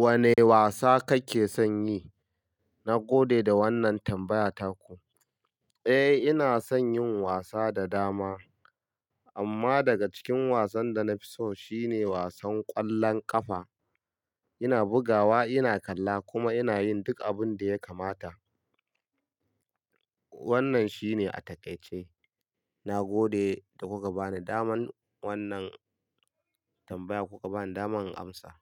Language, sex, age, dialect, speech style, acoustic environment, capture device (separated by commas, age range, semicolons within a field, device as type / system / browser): Hausa, male, 25-34, Nigeria - Kano, happy; relaxed; focused; excited, silence; nature; traffic; people talking, mobile / Linux / Chrome